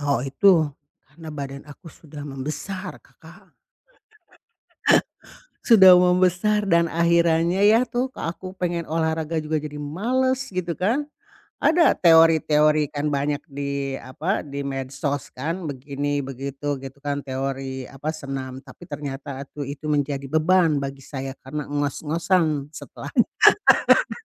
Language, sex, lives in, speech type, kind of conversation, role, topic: Indonesian, female, Indonesia, conversation, advice, user, Bagaimana cara mengendalikan keinginan untuk ngemil terus sepanjang hari?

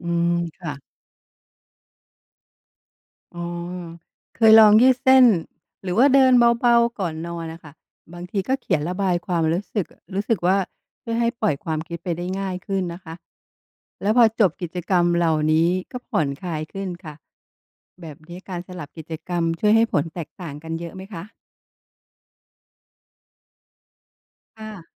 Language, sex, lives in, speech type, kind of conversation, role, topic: Thai, female, Thailand, read, expert-assistant, asker, ถ้ามีเวลาวันละ 10 นาที ควรใช้ทำกิจกรรมทางจิตวิญญาณแบบไหนจะเห็นผลชัดเจนที่สุด?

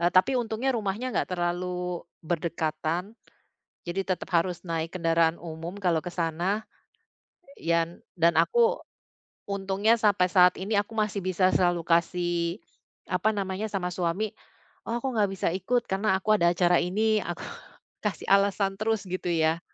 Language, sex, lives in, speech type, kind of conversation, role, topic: Indonesian, female, Netherlands, conversation, advice, user, Bagaimana saya bisa mengatasi ketegangan dengan mertua terkait batasan pribadi?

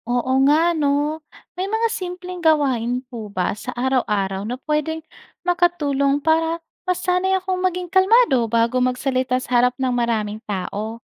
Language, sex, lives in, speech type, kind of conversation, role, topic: Filipino, female, Philippines, read, expert-assistant, asker, Paano nakakaapekto ang pagiging mahiyain sa pakikisalamuha sa iba?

